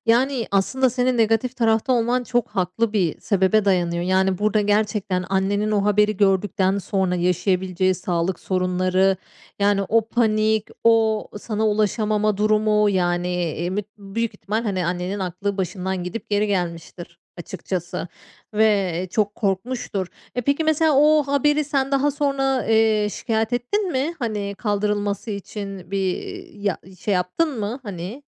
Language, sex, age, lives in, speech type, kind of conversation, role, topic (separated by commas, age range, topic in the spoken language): Turkish, female, 35-39, Spain, conversation, podcast, host, Sosyal medyanın öğrenmeye etkisi sence nedir?